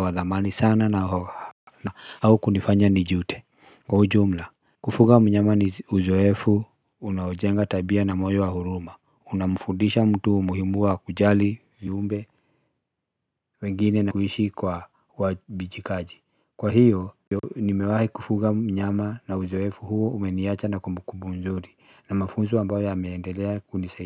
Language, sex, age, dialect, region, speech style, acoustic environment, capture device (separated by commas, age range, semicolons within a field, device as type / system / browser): Swahili, male, 35-44, Kenya - Nairobi Sheng-influenced, Kenya, relaxed, silence, mobile / Linux / Chrome